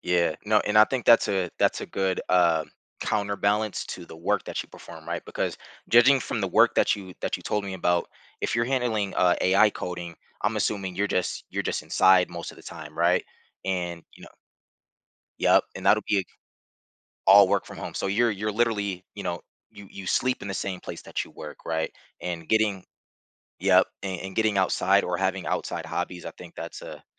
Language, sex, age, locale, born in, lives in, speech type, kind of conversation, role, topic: English, male, 30-34, en-US, United States, United States, conversation, advice, advisor, How can I calm my nerves and settle in when moving to a new city?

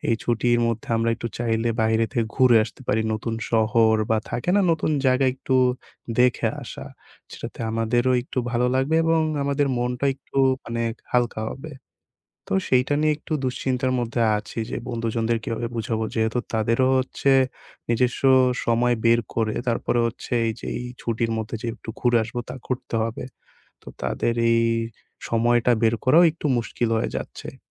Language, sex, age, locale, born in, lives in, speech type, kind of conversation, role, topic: Bengali, male, 20-24, bn-BD, Bangladesh, Bangladesh, conversation, advice, user, সীমিত সময়ে নতুন শহর কীভাবে ভালোভাবে ঘোরা যায়?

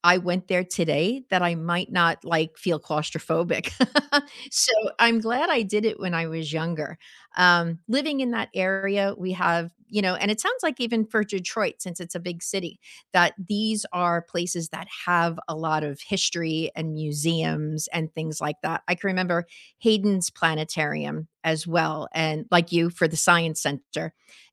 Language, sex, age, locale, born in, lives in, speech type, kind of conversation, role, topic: English, female, 55-59, en-US, United States, United States, conversation, unstructured, none, What childhood field trip blew your mind, and what made it unforgettable for you?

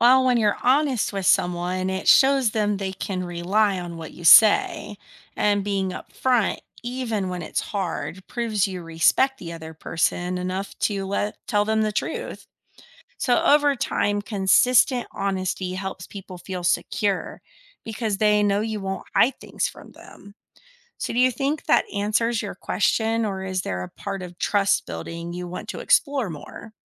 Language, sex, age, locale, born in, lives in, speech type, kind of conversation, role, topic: English, female, 35-39, en-US, United States, United States, read, expert-assistant, expert, How does honesty help build trust?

